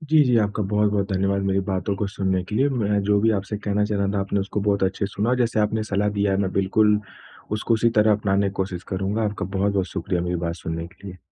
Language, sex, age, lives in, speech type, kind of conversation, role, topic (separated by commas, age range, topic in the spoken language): Hindi, male, 25-29, India, conversation, advice, user, प्रेरणा बनाए रखना और प्रगति आँकना